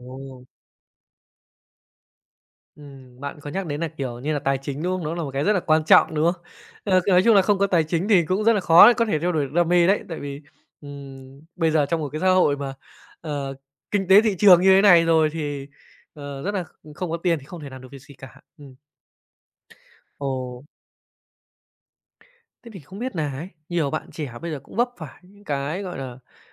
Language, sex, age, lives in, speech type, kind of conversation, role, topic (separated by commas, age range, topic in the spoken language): Vietnamese, male, 25-29, Japan, conversation, podcast, host, Bạn cân bằng thời gian giữa công việc và đam mê như thế nào?